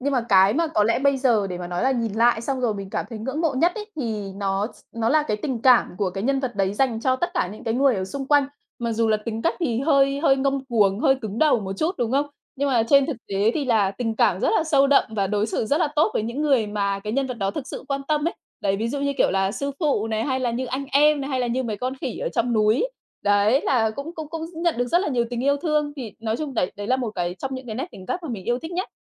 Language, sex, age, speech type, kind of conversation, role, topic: Vietnamese, female, 30-34, conversation, podcast, guest, Nhân vật truyền hình nào làm bạn nhớ mãi?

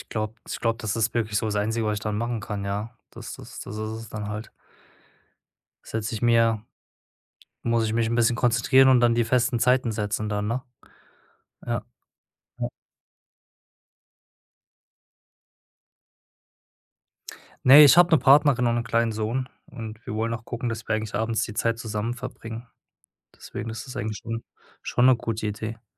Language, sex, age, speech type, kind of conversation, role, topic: German, male, 35-39, conversation, advice, user, Wie erschwert dir abendliche Bildschirmnutzung die Entspannung und das Einschlafen?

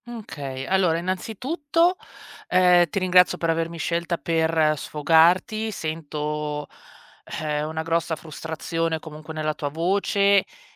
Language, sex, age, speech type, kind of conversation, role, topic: Italian, female, 35-39, conversation, advice, advisor, Come posso iniziare a sostituire vecchie abitudini che faccio fatica a cambiare?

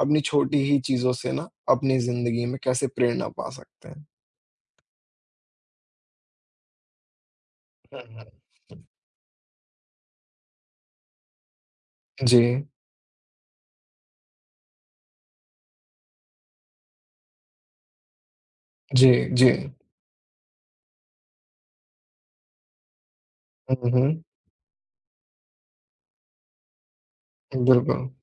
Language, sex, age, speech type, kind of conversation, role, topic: Hindi, male, 20-24, conversation, unstructured, none, आपको क्या लगता है कि फिल्मों में सच्चाई को कितनी हद तक दिखाया जाता है?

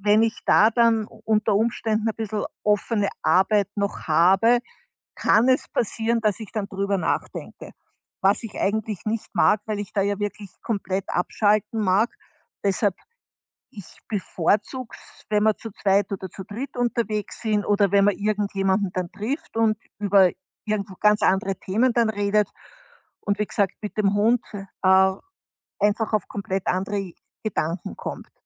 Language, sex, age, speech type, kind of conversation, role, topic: German, female, 60-64, conversation, podcast, guest, Wie schaltest du nach einem langen Tag am Bildschirm am besten ab?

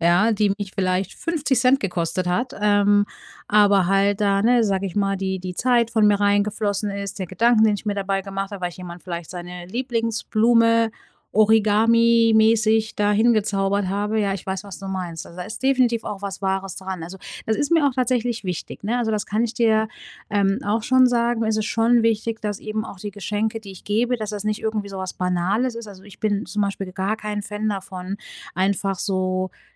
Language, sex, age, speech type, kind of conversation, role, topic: German, female, 35-39, conversation, advice, user, Wie finde ich bei so vielen Kaufoptionen das richtige Produkt?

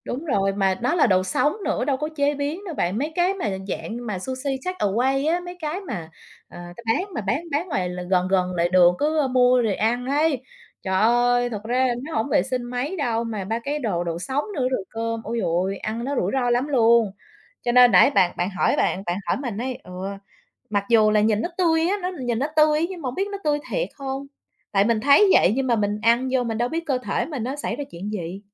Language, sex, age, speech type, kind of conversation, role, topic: Vietnamese, female, 30-34, conversation, unstructured, none, Bạn có lo sợ ăn phải thức ăn bị nhiễm vi khuẩn gây bệnh không?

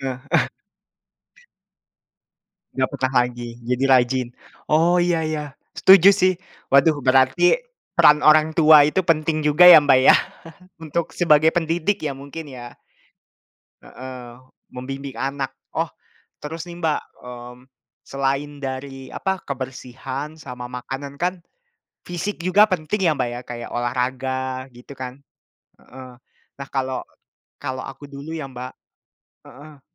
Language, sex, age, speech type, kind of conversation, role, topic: Indonesian, male, 20-24, conversation, unstructured, none, Bagaimana cara menumbuhkan kebiasaan hidup sehat pada anak-anak?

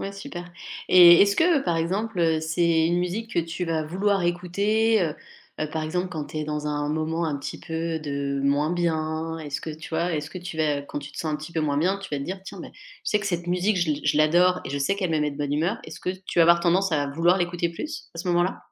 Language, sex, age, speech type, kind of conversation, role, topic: French, female, 35-39, conversation, podcast, host, Quelle musique te met instantanément de bonne humeur ?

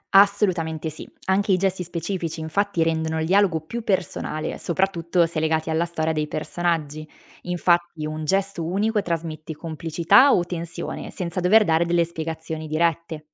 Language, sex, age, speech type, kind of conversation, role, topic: Italian, female, 25-29, read, expert-assistant, expert, Come posso rendere i dialoghi tra i personaggi più naturali e interessanti?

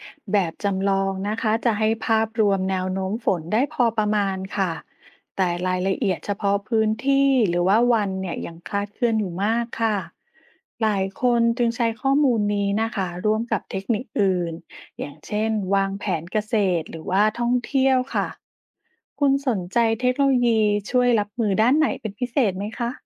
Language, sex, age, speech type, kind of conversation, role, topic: Thai, female, 40-44, read, expert-assistant, expert, ทำไมช่วงนี้อากาศเปลี่ยนแปลงบ่อย ฝนฟ้าไม่ตรงตามฤดูกาล เกิดจากอะไรได้บ้าง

